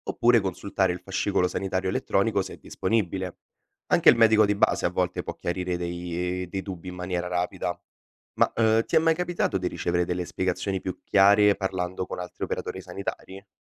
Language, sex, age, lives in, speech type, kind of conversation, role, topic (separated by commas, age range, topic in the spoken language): Italian, male, 20-24, Italy, read, expert-assistant, expert, Che strategie possono usare i medici per spiegare in modo chiaro le cure ai pazienti e ai loro familiari?